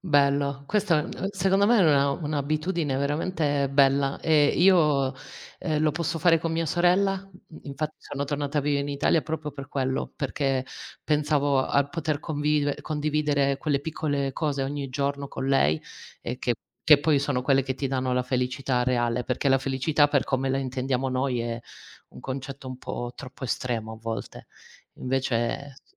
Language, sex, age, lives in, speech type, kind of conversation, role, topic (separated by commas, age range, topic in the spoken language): Italian, female, 40-44, Italy, conversation, unstructured, none, Come definisci la felicità nelle piccole cose?